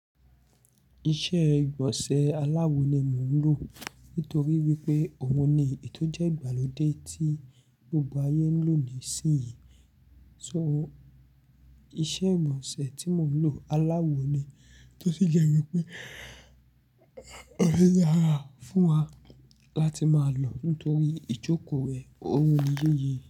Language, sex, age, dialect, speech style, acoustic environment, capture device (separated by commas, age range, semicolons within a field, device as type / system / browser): Yoruba, male, 25-34, Nigeria - Nigerian Standard, happy, nature, mobile / Linux / Chrome